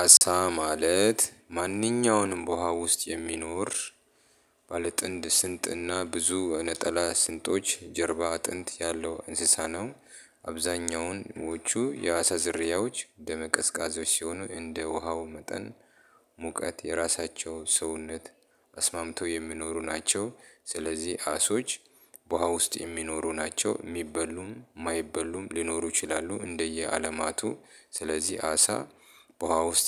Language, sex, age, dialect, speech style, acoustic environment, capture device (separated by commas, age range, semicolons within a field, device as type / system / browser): Amharic, male, 35-44, Ethiopia - Addis Ababa, happy; relaxed; excited; focused, people talking; appliances, mobile / Linux / Chrome